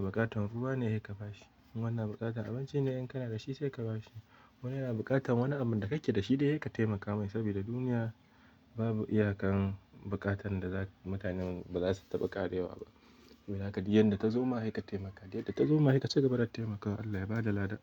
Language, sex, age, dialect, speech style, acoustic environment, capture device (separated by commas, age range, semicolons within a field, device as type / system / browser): Hausa, male, 18-24, Nigeria - Kano, happy, silence, mobile / Linux / Chrome